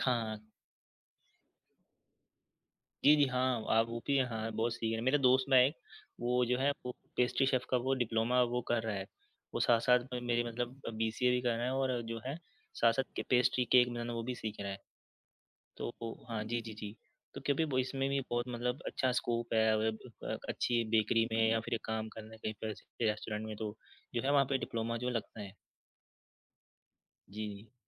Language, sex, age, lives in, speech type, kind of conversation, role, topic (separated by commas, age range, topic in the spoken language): Hindi, male, 20-24, India, conversation, unstructured, none, आपने पहली बार खाना बनाना कब और कैसे सीखा?